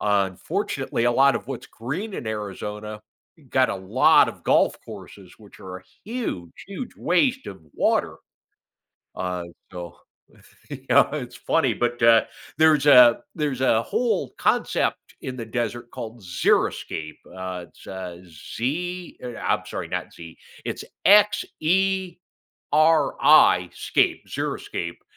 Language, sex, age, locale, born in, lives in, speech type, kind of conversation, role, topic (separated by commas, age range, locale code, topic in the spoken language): English, male, 55-59, en-US, United States, United States, conversation, podcast, guest, In what ways do plants and trees contribute to a healthier environment for people?